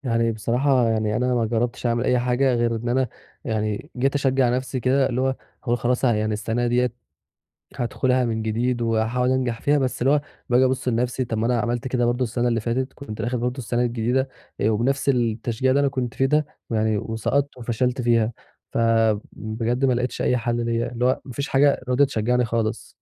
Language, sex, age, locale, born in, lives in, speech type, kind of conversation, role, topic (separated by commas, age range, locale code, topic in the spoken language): Arabic, male, 20-24, ar-EG, Egypt, Egypt, conversation, advice, user, إزاي أتغلب على خوفي من الفشل عشان أقدر أجرّب؟